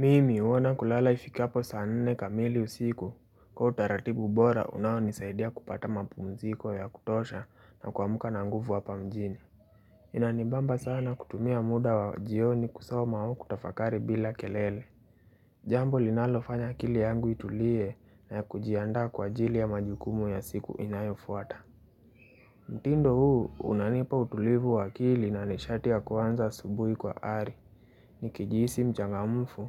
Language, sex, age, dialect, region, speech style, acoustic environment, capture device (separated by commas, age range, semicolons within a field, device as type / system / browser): Swahili, male, 18-24, Kenya - Nairobi Sheng-influenced, Kenya, relaxed; happy; focused, nature; appliances, mobile / Linux / Chrome